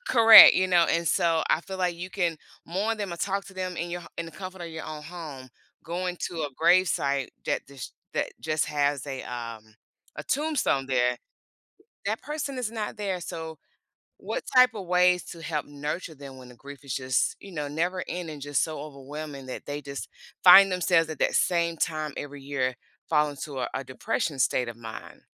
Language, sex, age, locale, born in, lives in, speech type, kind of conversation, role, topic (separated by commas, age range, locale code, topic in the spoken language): English, female, 45-49, en-US, United States, United States, conversation, podcast, host, What helps people rebuild a sense of hope after going through difficult times?